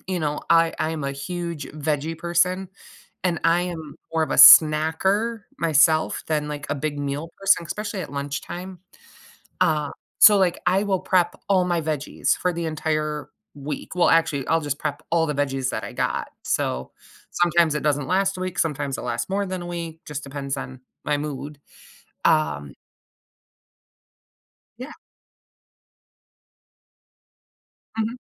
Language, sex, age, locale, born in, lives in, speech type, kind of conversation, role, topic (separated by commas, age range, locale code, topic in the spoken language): English, female, 40-44, en-US, United States, United States, conversation, podcast, guest, What influences your choices when deciding what to make for dinner?